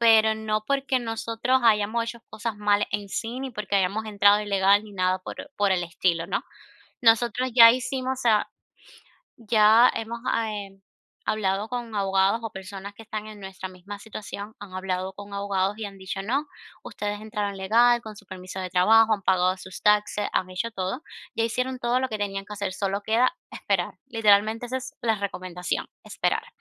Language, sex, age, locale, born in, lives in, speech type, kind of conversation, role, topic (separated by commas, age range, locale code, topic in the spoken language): Spanish, female, 25-29, es-CU, Cuba, United States, conversation, advice, user, ¿Cómo puedo convertir la incertidumbre en una oportunidad de aprendizaje?